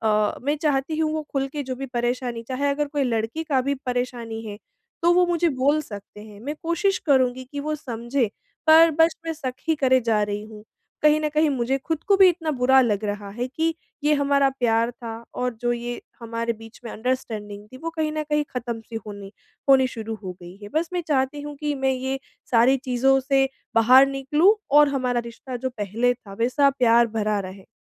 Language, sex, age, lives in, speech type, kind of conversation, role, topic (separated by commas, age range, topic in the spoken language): Hindi, female, 25-29, India, conversation, advice, user, रिश्ते में असुरक्षा और शक